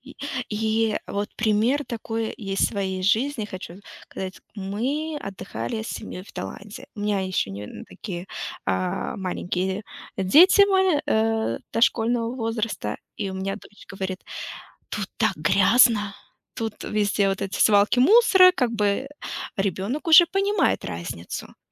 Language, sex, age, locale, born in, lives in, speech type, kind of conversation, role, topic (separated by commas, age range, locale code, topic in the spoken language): Russian, female, 35-39, ru-RU, Russia, United States, conversation, unstructured, none, Что важнее: личная свобода или общественный порядок?